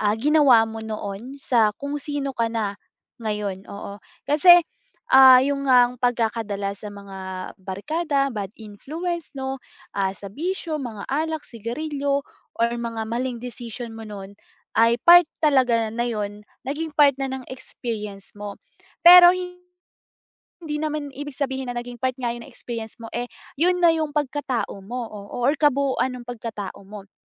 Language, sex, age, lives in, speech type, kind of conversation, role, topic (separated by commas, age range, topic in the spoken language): Filipino, female, 20-24, Philippines, conversation, advice, advisor, Paano ko maihihiwalay ang aking mga pagkakamali sa aking halaga bilang tao?